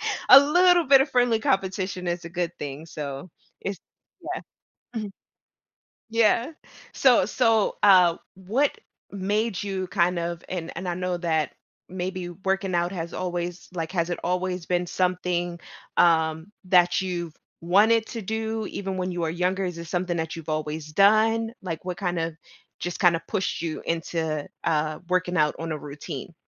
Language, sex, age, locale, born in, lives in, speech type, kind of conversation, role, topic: English, female, 35-39, en-US, United States, United States, conversation, podcast, host, What daily routines or practices have made the biggest difference in your personal development?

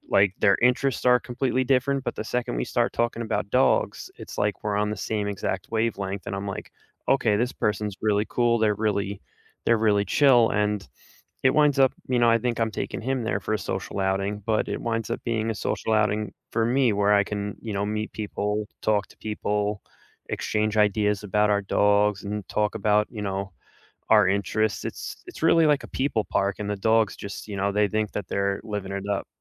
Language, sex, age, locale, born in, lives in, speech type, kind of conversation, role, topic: English, male, 35-39, en-US, United States, United States, conversation, unstructured, none, How do pets help people who are feeling lonely?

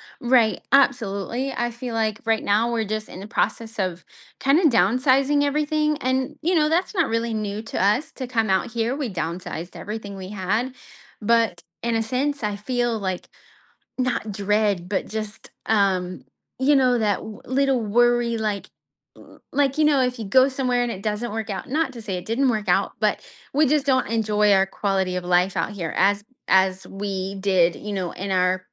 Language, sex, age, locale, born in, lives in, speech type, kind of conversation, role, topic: English, female, 30-34, en-US, United States, United States, conversation, advice, user, How can I rebuild resilience after a setback?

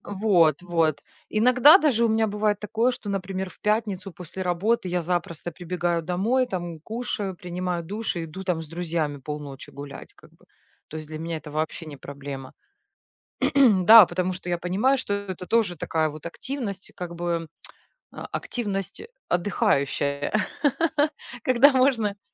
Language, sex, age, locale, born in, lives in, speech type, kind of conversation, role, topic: Russian, female, 40-44, ru-RU, Ukraine, Spain, conversation, podcast, guest, Что помогает тебе расслабиться после тяжёлого дня?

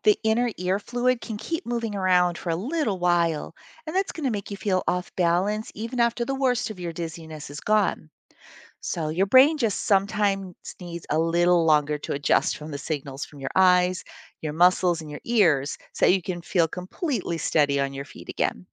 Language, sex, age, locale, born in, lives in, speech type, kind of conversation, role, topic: English, female, 55-59, en-US, United States, United States, read, expert-assistant, expert, Why does spinning cause dizziness?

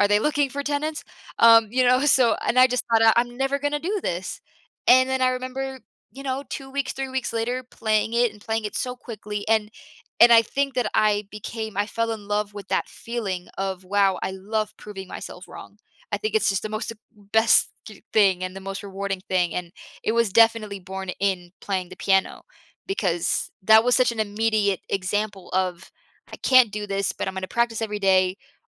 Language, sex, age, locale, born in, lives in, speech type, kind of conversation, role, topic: English, female, 25-29, en-US, United States, United States, conversation, podcast, guest, What helps people overcome obstacles when learning something new?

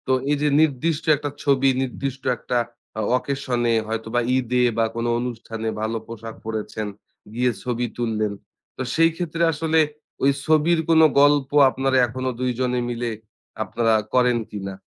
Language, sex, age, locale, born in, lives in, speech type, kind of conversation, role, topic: Bengali, male, 30-34, bn-BD, Bangladesh, Bangladesh, conversation, podcast, host, আপনার এমন কোনো বন্ধুত্বের কথা বলবেন, যা আজও টিকে আছে?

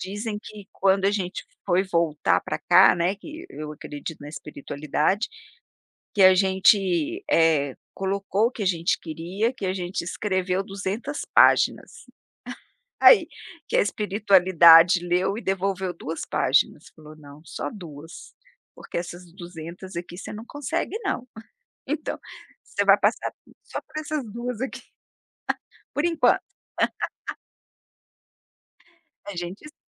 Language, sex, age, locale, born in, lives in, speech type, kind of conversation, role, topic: Portuguese, female, 55-59, pt-BR, Brazil, United States, conversation, podcast, guest, Qual foi o primeiro hábito que te trouxe paz?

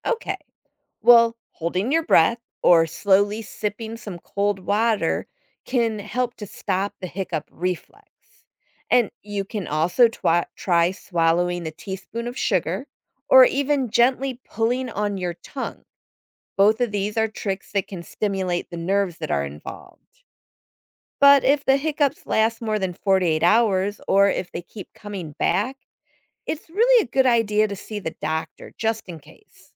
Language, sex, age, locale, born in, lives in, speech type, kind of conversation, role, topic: English, female, 50-54, en-US, United States, United States, read, expert-assistant, expert, What should you know about hiccups and when are they a concern?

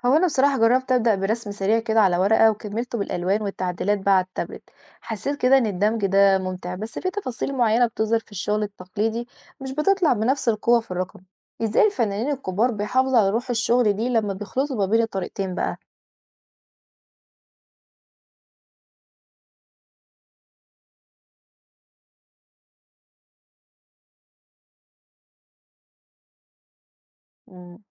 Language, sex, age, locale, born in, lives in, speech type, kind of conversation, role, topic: Arabic, female, 20-24, ar-EG, Egypt, Egypt, read, expert-assistant, asker, إيه مميزات وعيوب اللوحات الرقمية (digital art) مقارنة بالرسم التقليدي؟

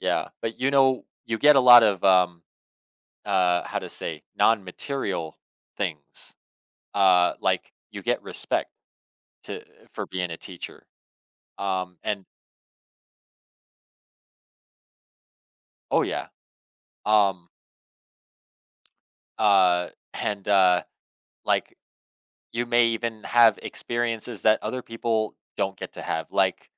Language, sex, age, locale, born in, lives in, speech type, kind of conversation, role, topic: English, male, 30-34, en-US, United States, United States, conversation, podcast, guest, How can classrooms be designed to inspire learning and support every student?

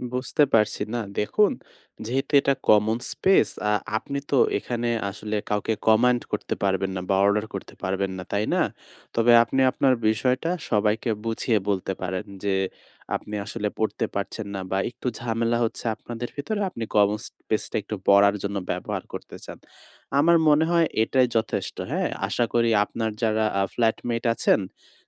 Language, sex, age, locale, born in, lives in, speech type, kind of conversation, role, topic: Bengali, male, 20-24, bn-BD, Bangladesh, Bangladesh, conversation, advice, advisor, শেয়ার করা কর্মস্থলে আমি কীভাবে মনোযোগ ধরে রাখতে পারি?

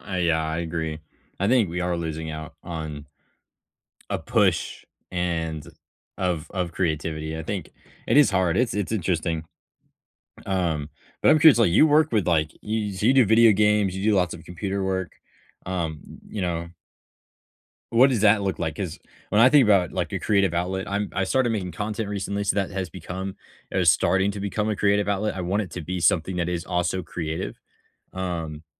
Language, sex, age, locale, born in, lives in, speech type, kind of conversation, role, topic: English, male, 18-19, en-US, United States, United States, conversation, unstructured, none, Which childhood hobby would you revisit, and how would you start?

